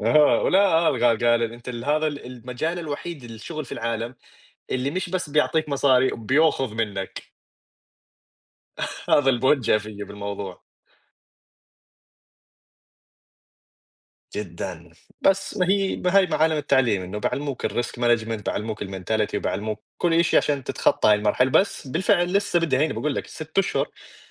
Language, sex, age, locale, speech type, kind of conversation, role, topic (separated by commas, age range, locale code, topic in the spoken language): Arabic, male, 20-24, ar-JO, conversation, unstructured, none, إنت إزاي اتعلمت مهارة جديدة الفترة اللي فاتت؟